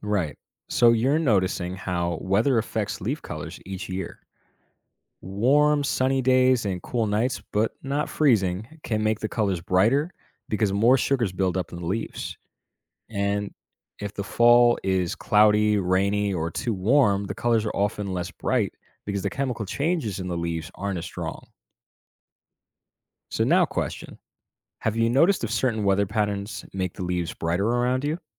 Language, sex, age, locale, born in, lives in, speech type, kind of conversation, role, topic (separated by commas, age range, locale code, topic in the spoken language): English, male, 25-29, en-US, United States, United States, read, expert-assistant, expert, What causes leaves to change color and fall, and how do different trees respond to autumn?